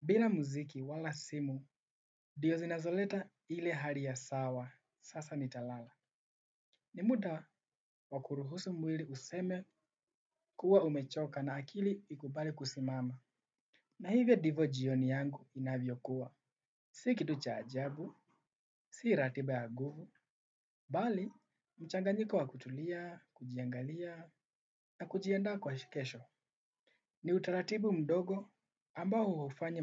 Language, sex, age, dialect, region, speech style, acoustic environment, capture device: Swahili, male, 18-24, Kenya - Nairobi Sheng-influenced, Kenya, relaxed, silence, desktop / Windows / Chrome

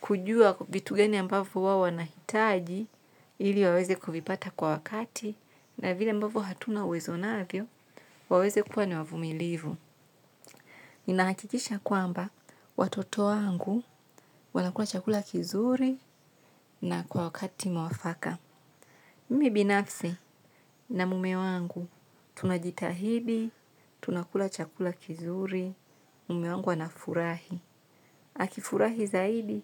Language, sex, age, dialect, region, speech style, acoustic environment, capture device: Swahili, female, 25-34, Tanzania - Dar es Salaam, Tanzania, relaxed, nature, mobile / Linux / Chrome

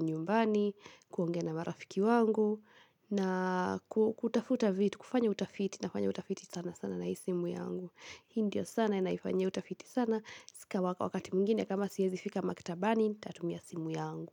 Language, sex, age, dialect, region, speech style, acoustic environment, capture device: Swahili, female, 18-24, Kenya - Kiambu, Kenya, happy, silence, mobile / Linux / Chrome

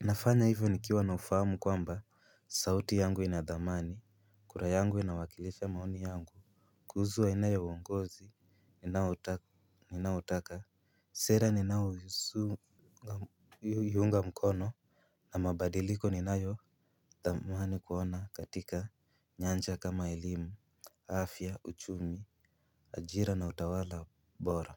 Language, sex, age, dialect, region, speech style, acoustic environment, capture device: Swahili, male, 18-24, Kenya - Nairobi Sheng-influenced, Kenya, relaxed, silence, mobile / Linux / Chrome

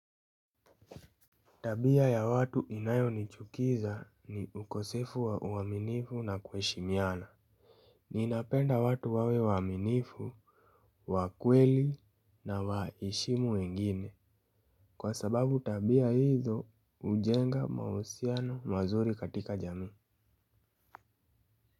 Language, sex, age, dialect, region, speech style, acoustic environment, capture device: Swahili, male, 18-24, Kenya - Nairobi Sheng-influenced, Kenya, happy; anxious; focused; excited, silence; appliances, mobile / Linux / Chrome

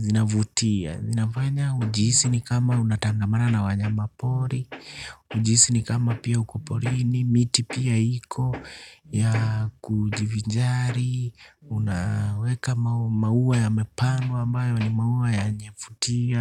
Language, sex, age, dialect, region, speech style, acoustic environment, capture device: Swahili, male, 25-34, Kenya - Nairobi Sheng-influenced, Kenya, relaxed; excited, silence, desktop / Linux / Chrome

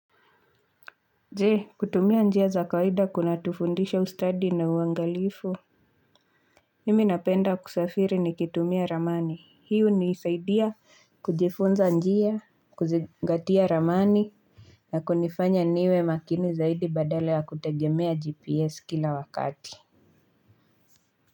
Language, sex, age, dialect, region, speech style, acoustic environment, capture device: Swahili, female, 25-34, Kenya - Nairobi Sheng-influenced, Kenya, relaxed, silence, mobile / Linux / Chrome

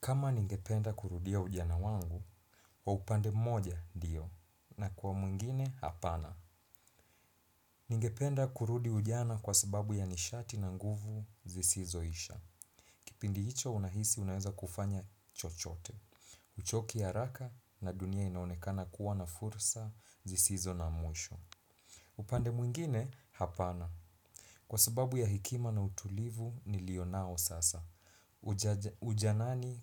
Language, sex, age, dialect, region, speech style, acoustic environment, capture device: Swahili, male, 25-34, Kenya - Mombasa, Kenya, relaxed, silence, desktop / Windows / Chrome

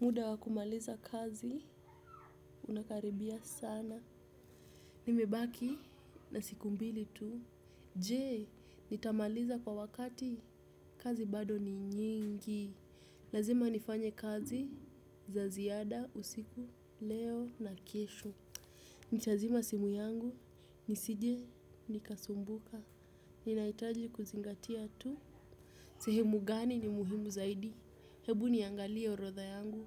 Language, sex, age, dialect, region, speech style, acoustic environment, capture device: Swahili, female, 18-24, Kenya - Nairobi Sheng-influenced, Kenya, relaxed, silence; nature, desktop / Windows / Chrome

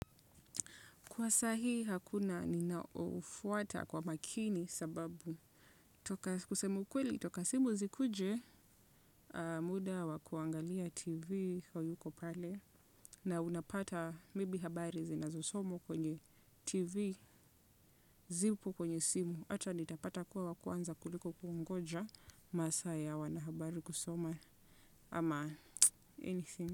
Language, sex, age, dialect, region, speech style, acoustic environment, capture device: Swahili, female, 25-34, Kenya - Nairobi Sheng-influenced, Kenya, relaxed, silence, desktop / Windows / Chrome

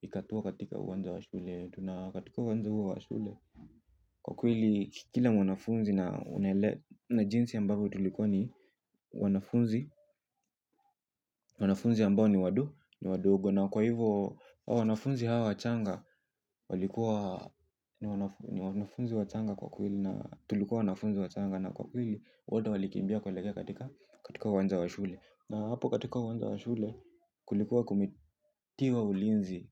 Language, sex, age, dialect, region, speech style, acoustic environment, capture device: Swahili, male, 18-24, Kenya - Nairobi Sheng-influenced, Kenya, tired; relaxed, people talking; music, mobile / Linux / Chrome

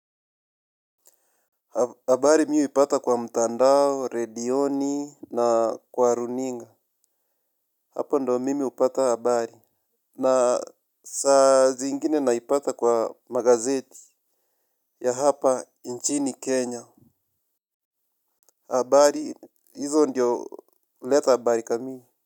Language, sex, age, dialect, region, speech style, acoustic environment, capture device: Swahili, male, 25-34, Kenya - Nairobi Sheng-influenced, Kenya, relaxed, nature, mobile / Linux / Chrome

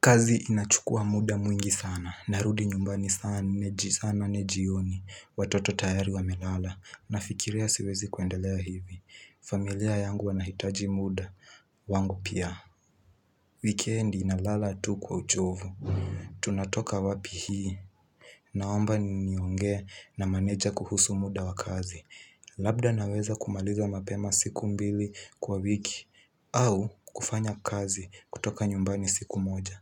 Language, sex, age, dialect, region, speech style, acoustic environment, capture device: Swahili, male, 18-24, Kenya - Nairobi Sheng-influenced, Kenya, relaxed, silence, mobile / Linux / Chrome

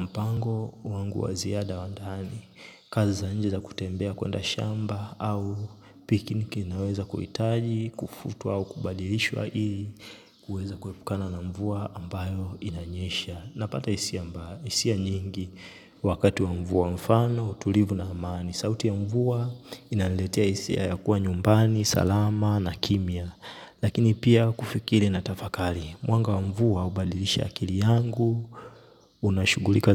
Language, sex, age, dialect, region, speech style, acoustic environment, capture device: Swahili, male, 25-34, Tanzania - Dar es Salaam, Tanzania, focused, silence, mobile / Linux / Chrome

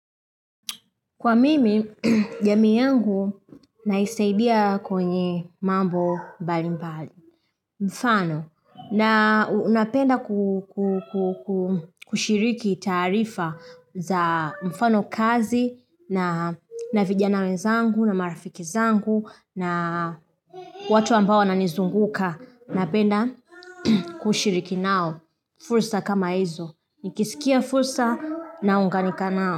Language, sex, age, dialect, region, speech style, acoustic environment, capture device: Swahili, female, 25-34, Tanzania - Dar es Salaam, Tanzania, happy; excited; focused; relaxed, silence; nature, mobile / Linux / Chrome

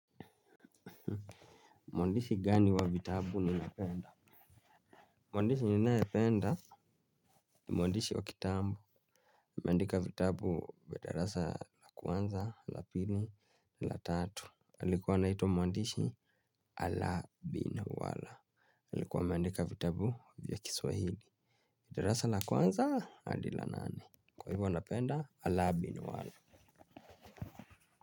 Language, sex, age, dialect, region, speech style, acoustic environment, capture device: Swahili, male, 25-34, Kenya - Nairobi Sheng-influenced, Kenya, relaxed, silence; nature, mobile / Linux / Chrome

